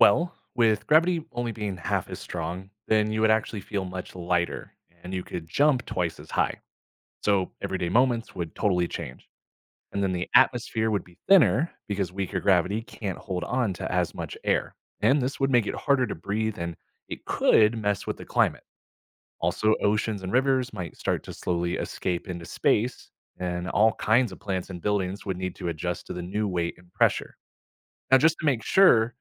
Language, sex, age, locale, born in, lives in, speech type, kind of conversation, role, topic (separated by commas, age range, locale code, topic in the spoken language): English, male, 30-34, en-US, United States, United States, read, expert-assistant, expert, What would happen if gravity were halved?